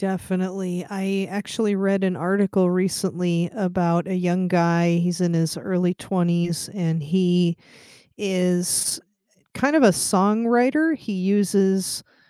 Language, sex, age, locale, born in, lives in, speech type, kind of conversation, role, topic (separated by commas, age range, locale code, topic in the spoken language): English, female, 40-44, en-US, United States, United States, conversation, unstructured, none, How do your devices, apps, and online habits help you feel close and stay connected with people?